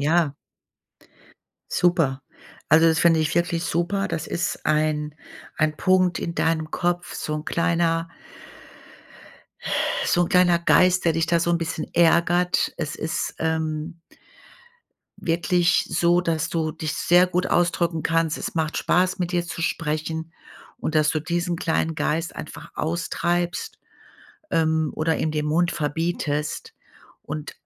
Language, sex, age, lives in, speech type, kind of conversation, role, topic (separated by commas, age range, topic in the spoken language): German, female, 55-59, Germany, conversation, advice, advisor, Warum fühle ich mich auf Partys und anderen sozialen Veranstaltungen oft unwohl und überfordert?